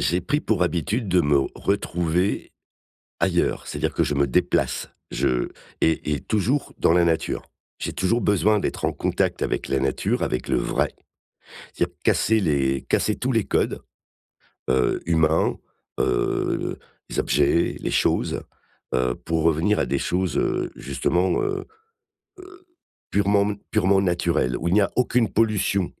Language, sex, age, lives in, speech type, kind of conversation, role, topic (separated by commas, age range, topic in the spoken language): French, male, 55-59, France, conversation, advice, user, Comment maintenir des relations sans sacrifier sa solitude réparatrice ?